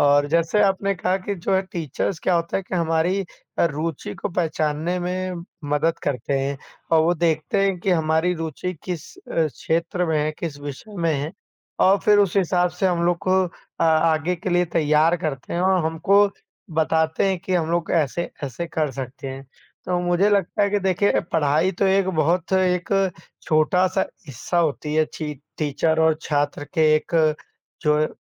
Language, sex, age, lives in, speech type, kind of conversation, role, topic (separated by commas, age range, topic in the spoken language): Hindi, male, 25-29, India, conversation, unstructured, none, पढ़ाई में शिक्षकों की क्या भूमिका होती है?